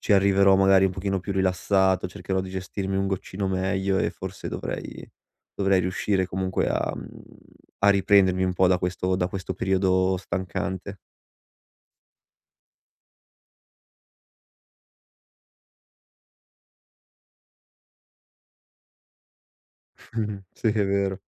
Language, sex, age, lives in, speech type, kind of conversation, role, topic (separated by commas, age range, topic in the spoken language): Italian, male, 25-29, Italy, conversation, advice, user, Come posso gestire l’esaurimento che sto vivendo come fondatore?